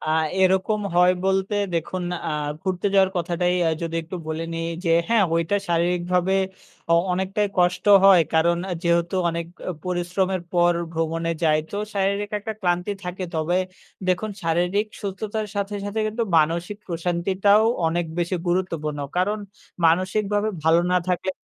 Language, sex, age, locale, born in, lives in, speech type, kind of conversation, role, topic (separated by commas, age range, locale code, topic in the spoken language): Bengali, male, 20-24, bn-BD, Bangladesh, Bangladesh, conversation, podcast, guest, ব্যস্ত দিনে তুমি কীভাবে শরীর ও মনকে একটু বিশ্রাম দাও?